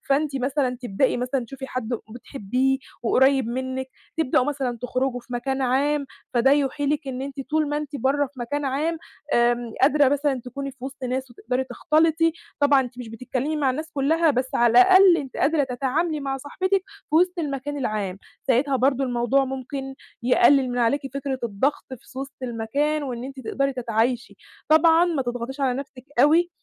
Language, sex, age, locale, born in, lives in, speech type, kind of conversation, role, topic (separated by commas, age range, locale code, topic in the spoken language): Arabic, female, 20-24, ar-EG, Egypt, Egypt, conversation, advice, advisor, ليه بحس بالعزلة وأنا وسط تجمعات اجتماعية؟